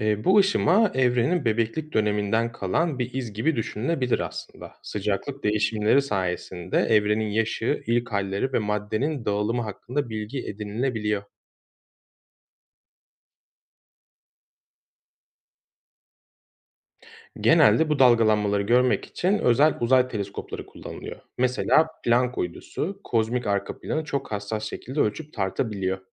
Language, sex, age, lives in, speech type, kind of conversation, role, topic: Turkish, male, 35-39, Germany, read, expert-assistant, expert, Evrenin nasıl çalıştığını anlamak için astrofizikte kullanılan en ilginç yollar neler?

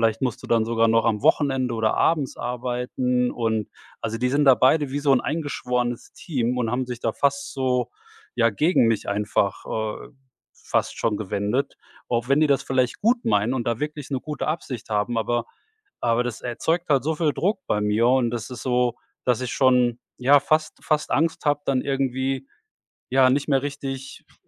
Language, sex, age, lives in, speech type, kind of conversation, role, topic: German, male, 45-49, Germany, conversation, advice, user, Wie kann ich mit der Angst umgehen, meine Familie oder Freunde durch eine Lebensveränderung zu enttäuschen?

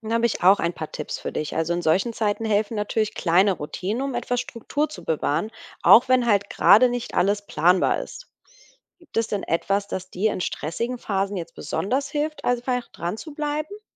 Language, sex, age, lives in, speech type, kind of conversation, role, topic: German, female, 35-39, Germany, read, expert-assistant, expert, Wie findet man heraus, welcher Tagesrhythmus am besten zum eigenen Körper passt, und wie kann man ihn im Alltag umsetzen?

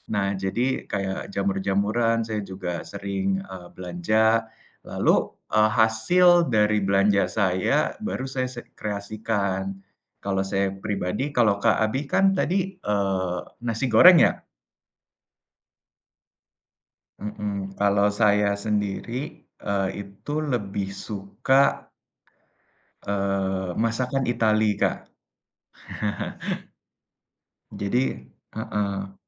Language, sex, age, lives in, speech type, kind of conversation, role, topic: Indonesian, male, 20-24, Indonesia, conversation, unstructured, none, Bagaimana kamu memutuskan apakah akan makan di rumah atau di restoran?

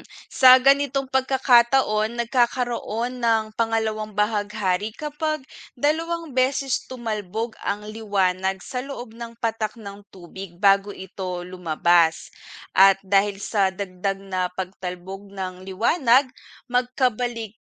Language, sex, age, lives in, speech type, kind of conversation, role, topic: Filipino, female, 25-29, Philippines, read, expert-assistant, expert, Paano nabubuo ang bahaghari?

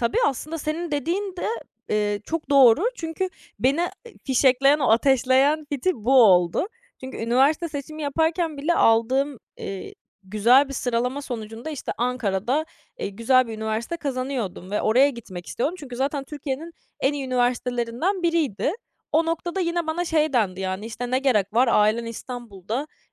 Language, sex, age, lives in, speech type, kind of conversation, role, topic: Turkish, female, 20-24, France, conversation, podcast, guest, Pişmanlıklarımız gelecekteki seçimlerimizi nasıl etkiler?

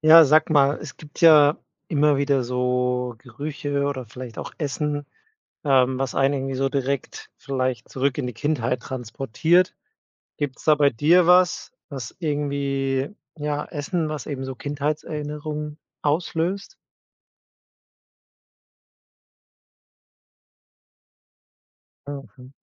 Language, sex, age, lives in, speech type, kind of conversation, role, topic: German, male, 30-34, Germany, conversation, podcast, host, Welches Essen weckt bei dir sofort Kindheitserinnerungen?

